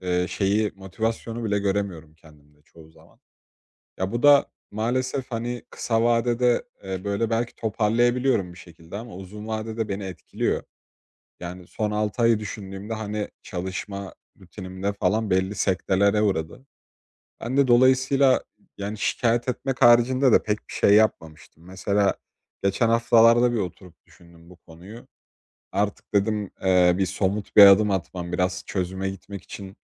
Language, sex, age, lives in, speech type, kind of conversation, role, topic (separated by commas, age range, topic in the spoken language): Turkish, male, 20-24, Poland, conversation, advice, user, Motivasyonum düştüğünde ne yapabilirim ve alternatif planları nasıl oluşturabilirim?